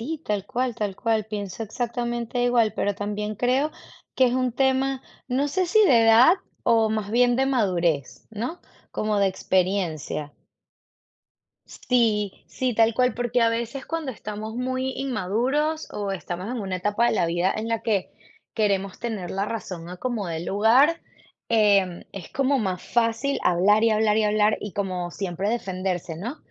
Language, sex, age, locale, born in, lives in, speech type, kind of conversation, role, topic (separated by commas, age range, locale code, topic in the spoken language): Spanish, female, 35-39, es-VE, Venezuela, United States, conversation, unstructured, none, ¿Te parece importante escuchar más que hablar durante un conflicto?